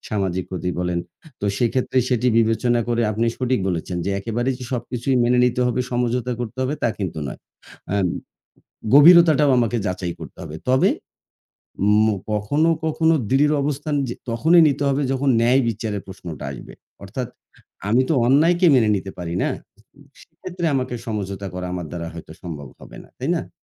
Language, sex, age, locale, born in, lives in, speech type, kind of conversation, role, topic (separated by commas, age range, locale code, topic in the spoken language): Bengali, male, 40-44, bn-BD, Bangladesh, Bangladesh, conversation, unstructured, none, দ্বন্দ্বের সময় আপনি কীভাবে সমঝোতার পথ খুঁজে পান?